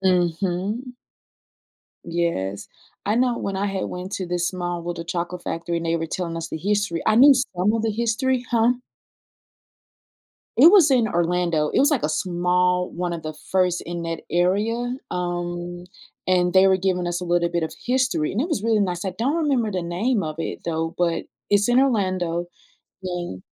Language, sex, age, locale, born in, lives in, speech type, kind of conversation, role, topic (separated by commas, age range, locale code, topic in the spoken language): English, female, 35-39, en-US, United States, United States, conversation, unstructured, none, Would you rather live in a world without chocolate or in a world without coffee?